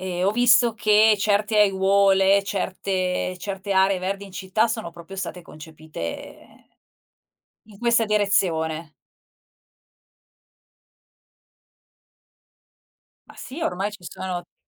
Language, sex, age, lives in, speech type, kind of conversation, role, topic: Italian, female, 45-49, Italy, conversation, podcast, guest, Come immagini un quartiere più verde e sostenibile?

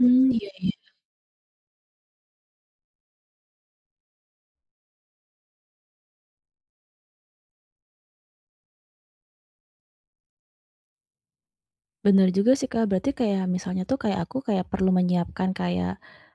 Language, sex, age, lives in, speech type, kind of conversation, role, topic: Indonesian, female, 35-39, Indonesia, conversation, advice, user, Bagaimana cara memulai kebiasaan olahraga kecil yang berkelanjutan tanpa harus latihan berat setiap hari?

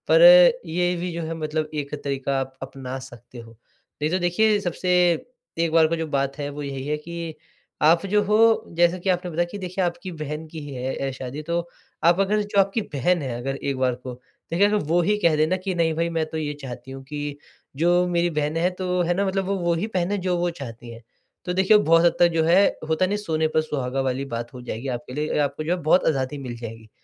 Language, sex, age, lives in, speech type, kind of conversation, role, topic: Hindi, male, 20-24, India, conversation, advice, advisor, मेरे लिए सही कपड़े चुनना मुश्किल क्यों लगता है?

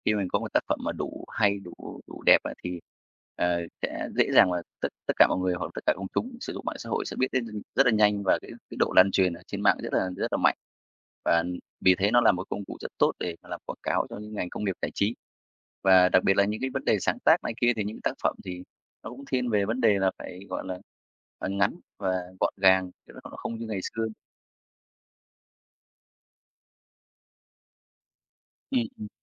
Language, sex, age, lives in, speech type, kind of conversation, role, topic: Vietnamese, male, 35-39, Vietnam, conversation, podcast, guest, Bạn nghĩ nội dung video ngắn như Reels và TikTok đang thay đổi ngành giải trí như thế nào?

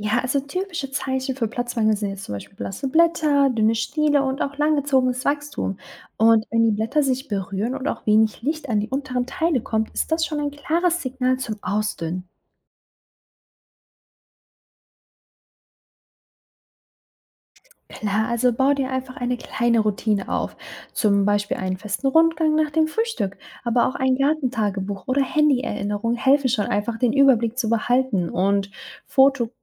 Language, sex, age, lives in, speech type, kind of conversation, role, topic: German, female, 25-29, Germany, read, expert-assistant, expert, Wie kann ich mein eigenes Wissen über Pflanzenanbau praktisch anwenden und verbessern?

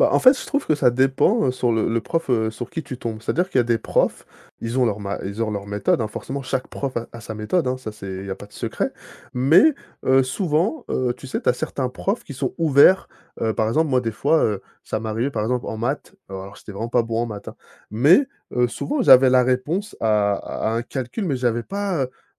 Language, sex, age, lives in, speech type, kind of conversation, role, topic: French, male, 30-34, France, conversation, podcast, guest, Quand as-tu ressenti pour la première fois la joie d’apprendre ?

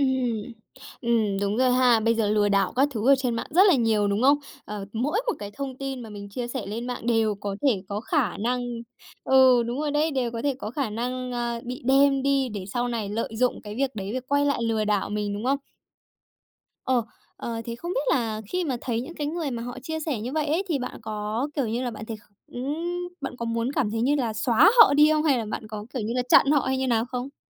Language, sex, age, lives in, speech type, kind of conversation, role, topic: Vietnamese, female, 20-24, Japan, conversation, podcast, host, Bạn nghĩ ranh giới giữa quyền riêng tư và sự tiện lợi của công nghệ nên được đặt ở đâu?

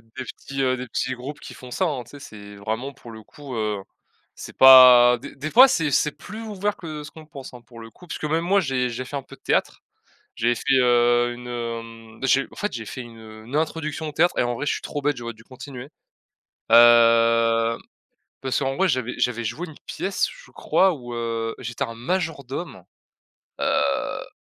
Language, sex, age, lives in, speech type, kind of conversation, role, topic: French, male, 20-24, France, conversation, unstructured, none, As-tu un souvenir marquant lié à une activité que tu aimes ?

